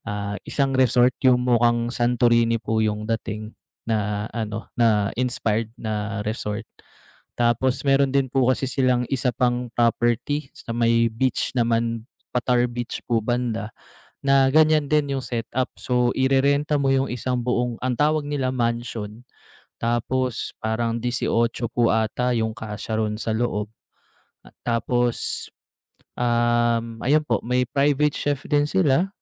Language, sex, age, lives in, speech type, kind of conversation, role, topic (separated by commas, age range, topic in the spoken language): Filipino, male, 30-34, Philippines, conversation, unstructured, none, Ano ang mga sikreto mo sa paghahanap ng mga natatagong pook na pambihira sa isang bagong lugar?